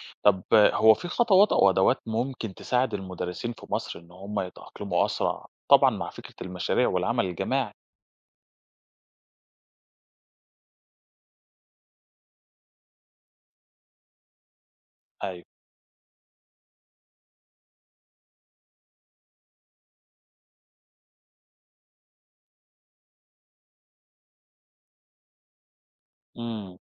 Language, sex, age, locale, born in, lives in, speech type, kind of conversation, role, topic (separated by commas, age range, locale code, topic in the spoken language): Arabic, male, 30-34, ar-EG, Egypt, Greece, read, expert-assistant, asker, سمعت ناس كتير بتقول إن الاستثمار في التعليم هو أحسن طريقة لتحسين اقتصاد الدول الفقيرة، هل ده فعلاً مثبت بالأرقام؟